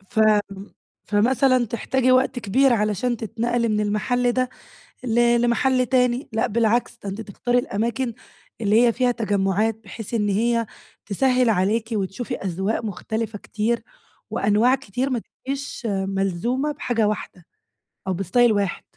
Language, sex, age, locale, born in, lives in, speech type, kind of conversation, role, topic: Arabic, female, 20-24, ar-EG, Egypt, Greece, conversation, advice, advisor, إزاي أتعامل مع إرهاق التسوّق واتخاذ القرارات من غير ما أتوتر؟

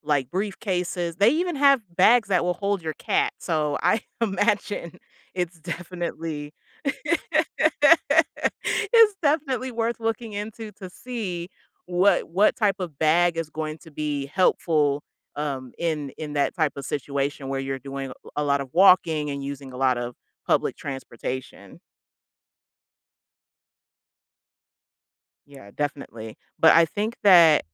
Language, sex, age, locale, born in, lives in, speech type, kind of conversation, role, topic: English, female, 30-34, en-US, United States, United States, conversation, advice, advisor, How do I find housing and make friends in a new city?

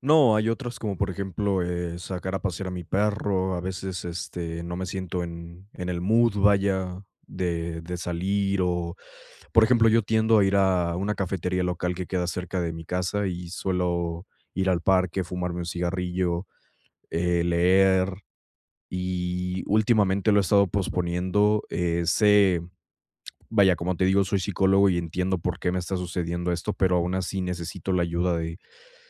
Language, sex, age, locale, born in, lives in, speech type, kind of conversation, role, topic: Spanish, male, 20-24, es-MX, Mexico, Mexico, conversation, advice, user, ¿Cómo puedo superar la procrastinación causada por el miedo al fracaso?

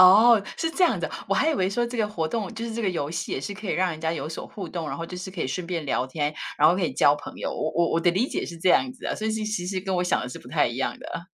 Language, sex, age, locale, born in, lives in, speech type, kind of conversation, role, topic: Chinese, female, 50-54, zh-TW, Taiwan, United States, conversation, unstructured, none, 你认为玩游戏是在浪费时间吗？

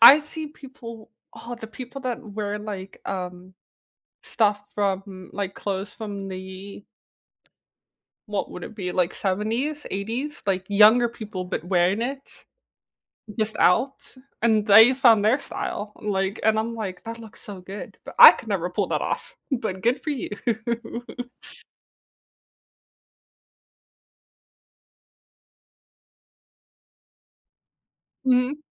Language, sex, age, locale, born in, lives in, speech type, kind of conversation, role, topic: English, female, 20-24, en-US, United States, United States, conversation, podcast, host, What does it mean to express your true self through your personal style?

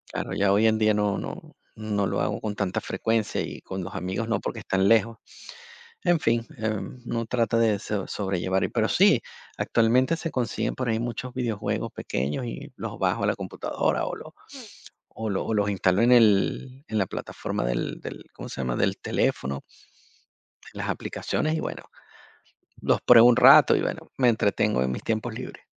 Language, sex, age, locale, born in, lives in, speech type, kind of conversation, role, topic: Spanish, male, 50-54, es-VE, Venezuela, Portugal, conversation, podcast, guest, ¿Cuál fue tu primera experiencia con los videojuegos y cómo la recuerdas?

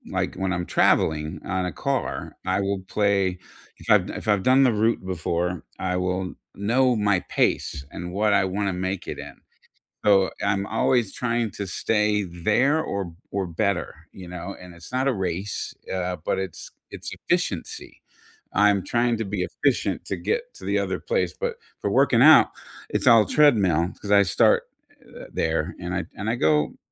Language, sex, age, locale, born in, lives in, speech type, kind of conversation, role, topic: English, male, 55-59, en-US, United States, United States, conversation, unstructured, none, What small or quirky workout rituals make exercise more fun for you?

